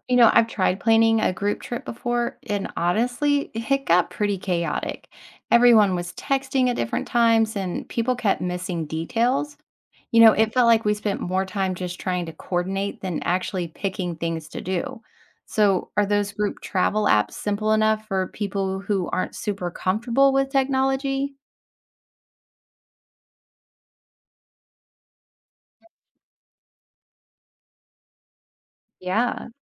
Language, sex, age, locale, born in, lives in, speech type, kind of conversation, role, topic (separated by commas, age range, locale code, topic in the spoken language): English, female, 40-44, en-US, United States, United States, read, expert-assistant, asker, How can technology make planning a vacation easier?